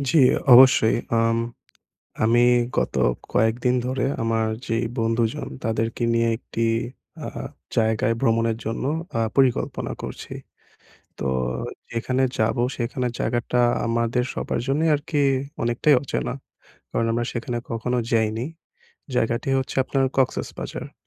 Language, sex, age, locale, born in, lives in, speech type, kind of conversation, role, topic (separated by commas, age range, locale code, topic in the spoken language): Bengali, male, 20-24, bn-BD, Bangladesh, Bangladesh, conversation, advice, user, অচেনা জায়গায় কীভাবে নিজেকে নিরাপদ ও সুরক্ষিত রাখা যায়?